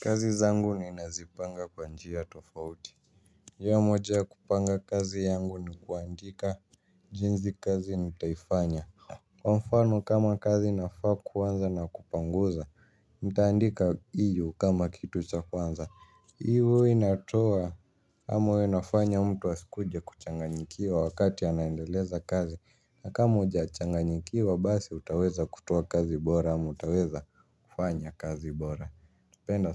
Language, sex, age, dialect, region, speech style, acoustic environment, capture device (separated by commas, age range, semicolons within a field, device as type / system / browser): Swahili, male, 18-24, Kenya - Nairobi Sheng-influenced, Kenya, happy; relaxed, people talking; music; silence; nature, mobile / Linux / Chrome